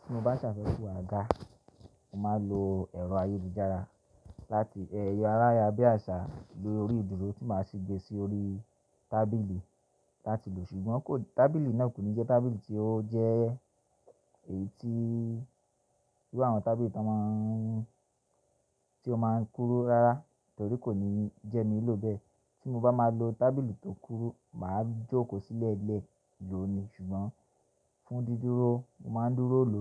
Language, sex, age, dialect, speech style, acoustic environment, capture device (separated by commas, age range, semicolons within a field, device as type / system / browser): Yoruba, male, 25-34, Nigeria - Ibadan, relaxed; focused, silence, mobile / Linux / Chrome